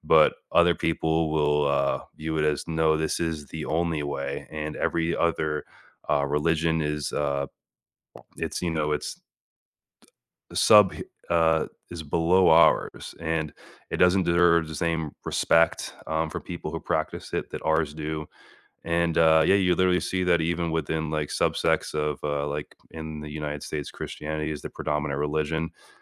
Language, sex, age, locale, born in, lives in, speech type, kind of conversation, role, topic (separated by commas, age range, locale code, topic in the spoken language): English, male, 30-34, en-US, United States, United States, conversation, unstructured, none, What are some unexpected similarities between different religions?